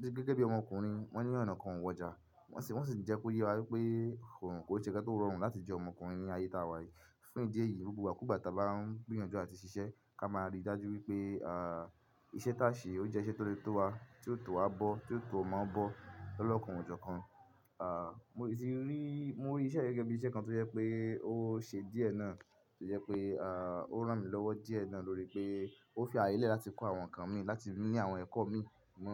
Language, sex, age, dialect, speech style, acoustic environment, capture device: Yoruba, male, 25-34, Nigeria - Ibadan, focused, silence; people talking; music, mobile / Linux / Chrome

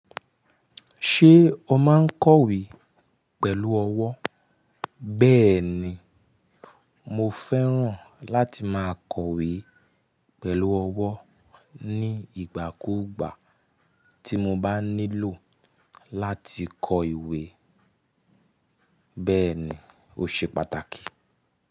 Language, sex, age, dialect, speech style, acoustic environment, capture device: Yoruba, male, 25-34, Nigeria - Nigerian Standard, happy; relaxed; excited; focused, nature; silence; people talking, mobile / Linux / Chrome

